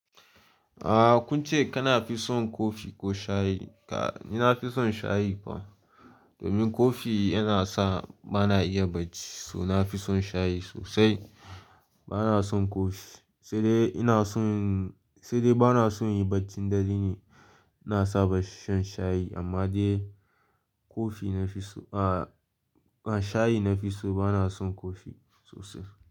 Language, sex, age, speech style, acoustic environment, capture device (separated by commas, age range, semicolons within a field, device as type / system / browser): Hausa, male, 18-24, happy; focused, silence, mobile / Linux / Chrome